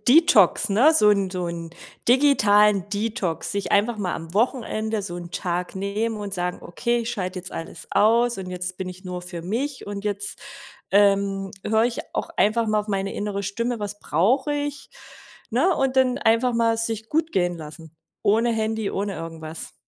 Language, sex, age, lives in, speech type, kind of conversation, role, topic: German, female, 40-44, Germany, conversation, advice, advisor, Was kann ich tun, wenn ich mir Sorgen um die körperliche und geistige Gesundheit meiner alten Eltern mache?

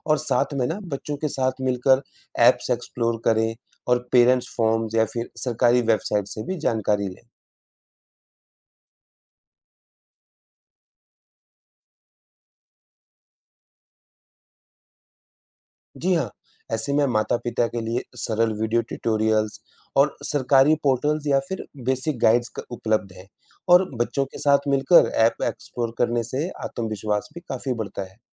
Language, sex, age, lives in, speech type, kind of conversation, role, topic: Hindi, male, 35-39, India, read, expert-assistant, expert, ऑनलाइन पढ़ाई के लिए सबसे असरदार तकनीकी साधन कैसे चुनें?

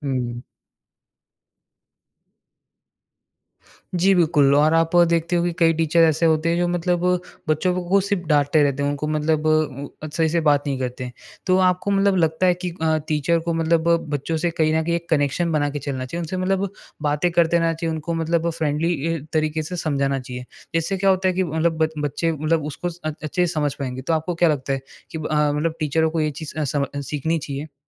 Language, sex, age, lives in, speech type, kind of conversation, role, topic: Hindi, male, 20-24, India, conversation, podcast, host, Kya aapke teachers ya mentors ne kabhi aapko failure se nikalne mein guide kiya hai, aur kaise?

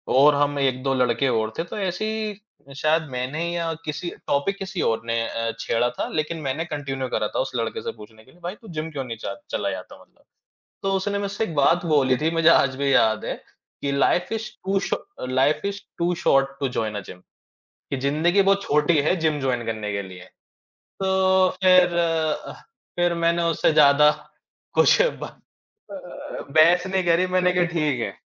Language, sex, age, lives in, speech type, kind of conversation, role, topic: Hindi, male, 25-29, India, conversation, unstructured, none, आपकी रोज़मर्रा की ज़िंदगी में फिटनेस क्यों ज़रूरी है?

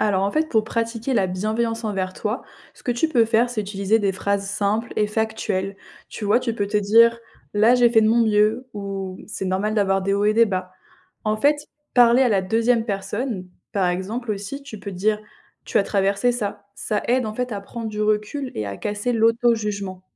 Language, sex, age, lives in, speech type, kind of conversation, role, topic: French, female, 20-24, France, read, expert-assistant, expert, Comment l’intelligence émotionnelle peut-elle m’aider à mieux gérer mes relations au travail ou dans la vie quotidienne ?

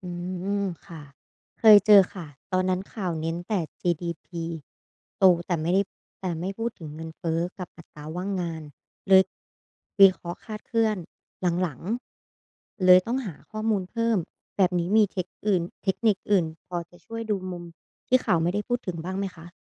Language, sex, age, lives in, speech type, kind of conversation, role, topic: Thai, female, 25-29, Thailand, read, expert-assistant, asker, เวลาฟังข่าวเศรษฐกิจโลก เราจะรู้ได้อย่างไรว่าข้อมูลนั้นน่าเชื่อถือหรือเปล่า?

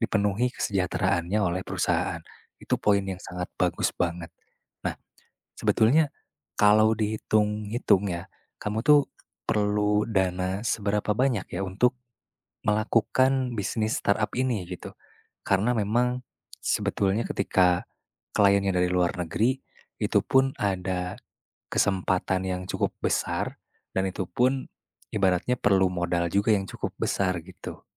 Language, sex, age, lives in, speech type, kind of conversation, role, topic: Indonesian, male, 20-24, Indonesia, conversation, advice, advisor, Apakah saya sebaiknya berhenti dari pekerjaan tetap untuk mendirikan perusahaan rintisan?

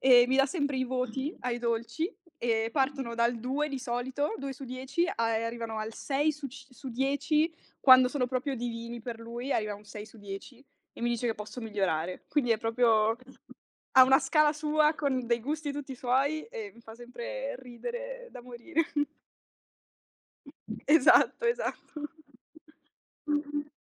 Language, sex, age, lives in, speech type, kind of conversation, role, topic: Italian, female, 20-24, Italy, conversation, podcast, guest, Che cosa ti piace di più del cucinare per gli altri?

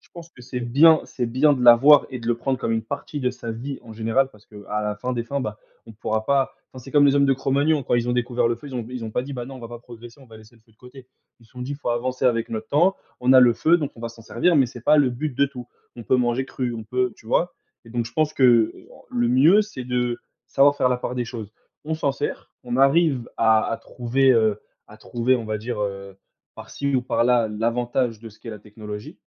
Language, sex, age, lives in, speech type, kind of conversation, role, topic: French, male, 20-24, France, conversation, unstructured, none, Comment la technologie rend-elle la vie quotidienne plus facile ?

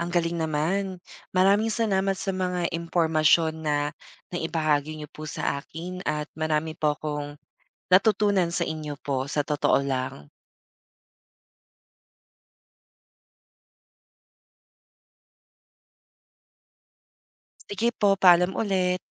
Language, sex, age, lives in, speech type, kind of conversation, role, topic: Filipino, male, 25-29, Philippines, read, expert-assistant, asker, Paano nakakatulong ang mga palaka sa kontrol ng peste sa bukid?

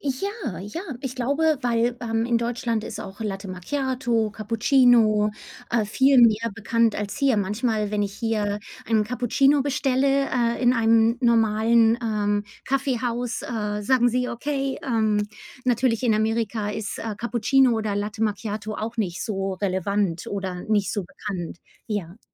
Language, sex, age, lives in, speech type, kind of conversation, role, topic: German, female, 40-44, United States, conversation, unstructured, none, Was bevorzugst du, Kaffee oder Tee, und warum?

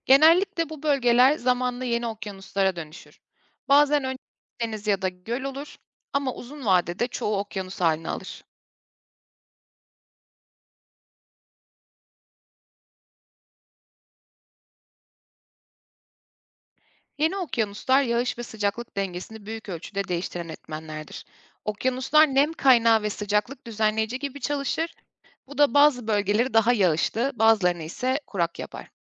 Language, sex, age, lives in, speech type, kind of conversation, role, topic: Turkish, female, 30-34, Germany, read, expert-assistant, expert, Eğer dünyadaki tüm kıtalar tek bir süper kıta hâline yeniden birleşseydi, jeolojik ve günlük hayatımızda ne gibi değişiklikler olurdu?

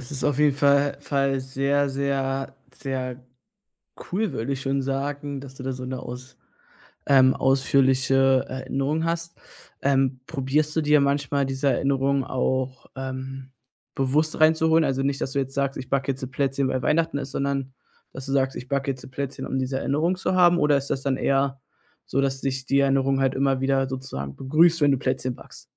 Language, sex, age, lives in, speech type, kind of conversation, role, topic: German, male, 20-24, Germany, conversation, podcast, host, Welche Erinnerungen rufst du dir durch einen bestimmten Geruch besonders gern ins Gedächtnis?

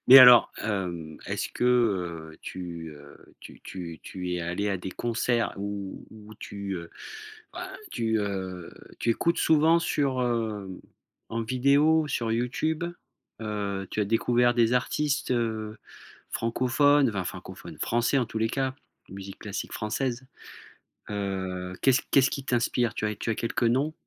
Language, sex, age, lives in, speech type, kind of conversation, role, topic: French, male, 40-44, France, conversation, podcast, host, Quel souvenir musical a changé ta façon d’écouter la musique ?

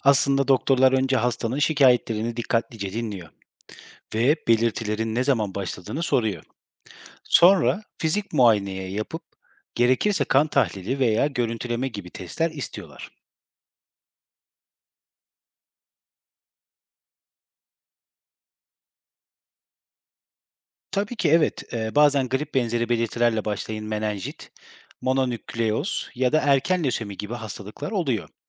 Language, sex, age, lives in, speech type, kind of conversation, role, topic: Turkish, male, 30-34, Germany, read, expert-assistant, expert, Hafif başlayan belirtiler ciddi hastalıkların tanısında gecikmeye neden olur mu?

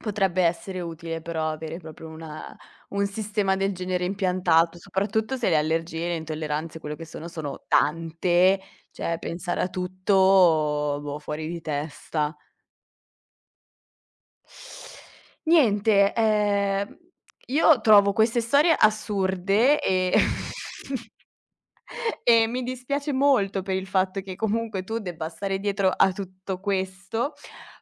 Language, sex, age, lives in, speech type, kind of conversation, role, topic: Italian, female, 25-29, Italy, conversation, podcast, host, Come gestisci le allergie e le intolleranze dei tuoi ospiti?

